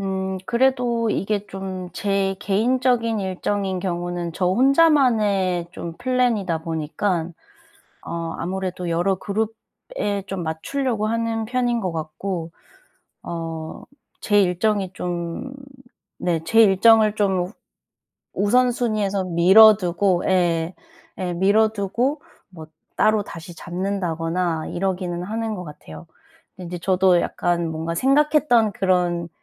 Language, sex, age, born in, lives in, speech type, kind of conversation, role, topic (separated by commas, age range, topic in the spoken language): Korean, female, 30-34, South Korea, South Korea, conversation, advice, user, 자주 바뀌는 일정과 잦은 취소 때문에 스트레스를 받을 때 어떻게 대처하면 좋을까요?